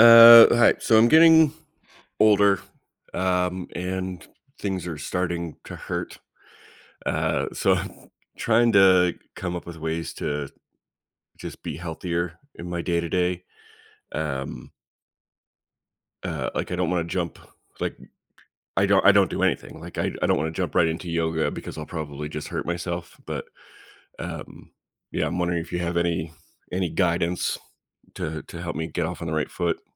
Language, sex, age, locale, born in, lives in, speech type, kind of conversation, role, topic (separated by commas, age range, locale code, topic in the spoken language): English, male, 35-39, en-US, United States, United States, conversation, advice, user, How can I build and stick to healthier daily habits?